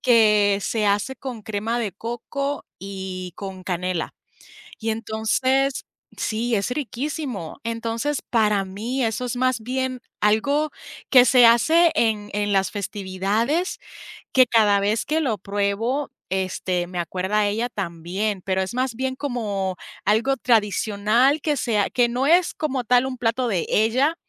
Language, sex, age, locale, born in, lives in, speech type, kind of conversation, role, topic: Spanish, female, 30-34, es-US, Puerto Rico, United States, conversation, unstructured, none, ¿Cuál es tu mejor recuerdo con tus abuelos?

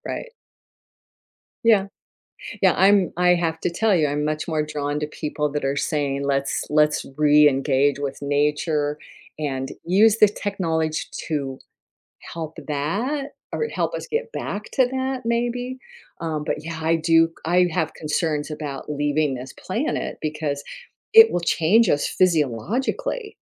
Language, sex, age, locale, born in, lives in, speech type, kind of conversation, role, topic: English, female, 70-74, en-US, United States, United States, conversation, unstructured, none, Would you rather live in a world where technology is advanced but nature is gone, or in a world where nature thrives but technology is primitive?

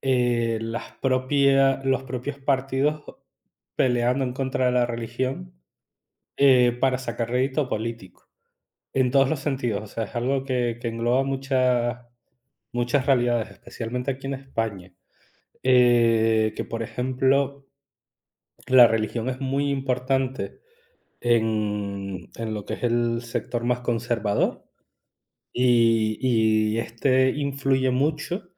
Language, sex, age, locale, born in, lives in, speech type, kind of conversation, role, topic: Spanish, male, 35-39, es-ES, Spain, Spain, conversation, unstructured, none, ¿Es peligroso mezclar la religión y la política?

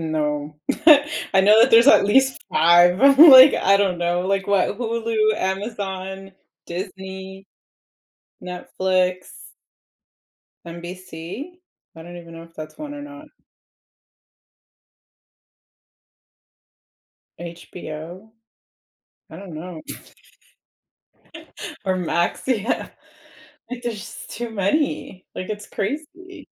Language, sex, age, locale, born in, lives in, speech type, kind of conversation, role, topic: English, female, 30-34, en-US, United States, United States, conversation, unstructured, none, How do different types of movies shape our culture and society?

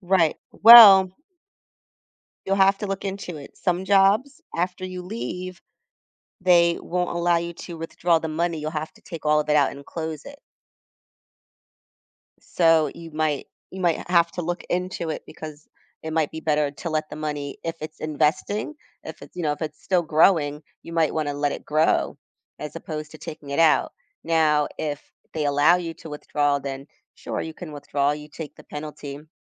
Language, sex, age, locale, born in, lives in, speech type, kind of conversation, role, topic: English, female, 40-44, en-US, United States, United States, conversation, advice, advisor, How can I start building savings when I'm living paycheck to paycheck?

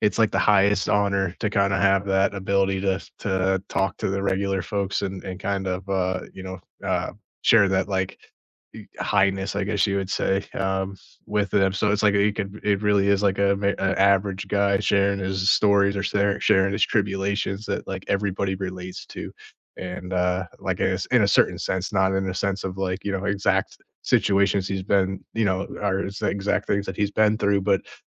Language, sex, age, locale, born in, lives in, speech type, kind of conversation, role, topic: English, male, 30-34, en-US, United States, United States, conversation, unstructured, none, Who shaped your outlook on life, and how do you keep those connections alive today?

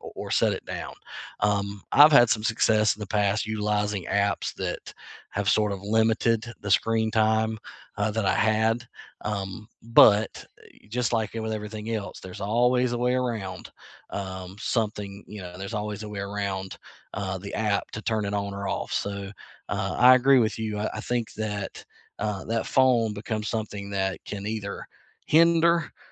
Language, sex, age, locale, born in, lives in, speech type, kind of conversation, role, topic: English, male, 40-44, en-US, United States, United States, conversation, unstructured, none, What little daily routines make your life feel manageable?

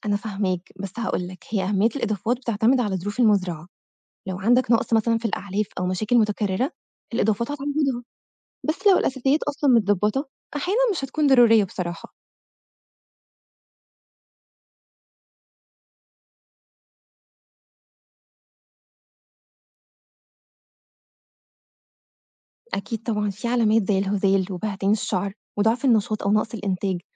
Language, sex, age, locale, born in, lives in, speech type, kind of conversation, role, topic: Arabic, female, 25-29, ar-EG, Egypt, Egypt, read, expert-assistant, expert, ليه فيه اختلاف كبير في نصايح إدارة مزارع المواشي بين الخبراء، وخصوصًا في موضوع استخدام الأعلاف المركبة مقابل الأعلاف الطبيعية؟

